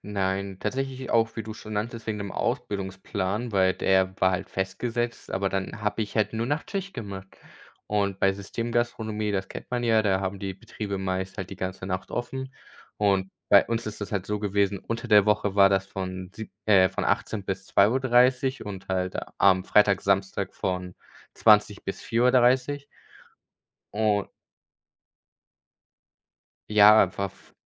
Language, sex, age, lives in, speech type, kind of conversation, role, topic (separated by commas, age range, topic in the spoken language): German, male, 18-19, Germany, conversation, unstructured, none, Was war dein stolzester Moment im Beruf?